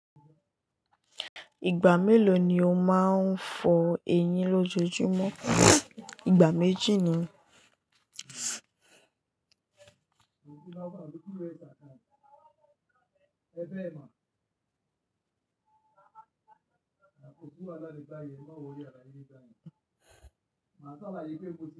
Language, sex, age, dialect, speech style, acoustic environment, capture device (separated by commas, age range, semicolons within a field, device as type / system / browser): Yoruba, female, 18-24, Nigeria - Lagos Yoruba, tired, appliances, mobile / Linux / Chrome